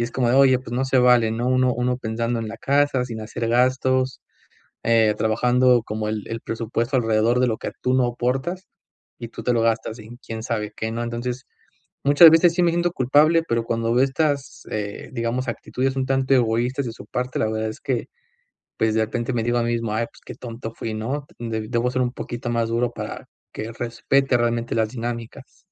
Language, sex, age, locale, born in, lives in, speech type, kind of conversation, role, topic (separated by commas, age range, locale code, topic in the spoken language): Spanish, male, 25-29, es-MX, Mexico, Mexico, conversation, advice, user, ¿Cómo puedo pedir lo que necesito sin sentir culpa?